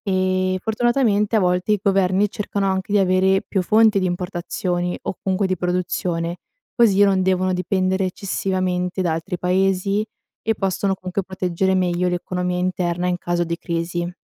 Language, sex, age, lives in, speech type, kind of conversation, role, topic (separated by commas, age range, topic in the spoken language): Italian, female, 20-24, Italy, read, expert-assistant, expert, Quali sono le principali sfide della cooperazione internazionale?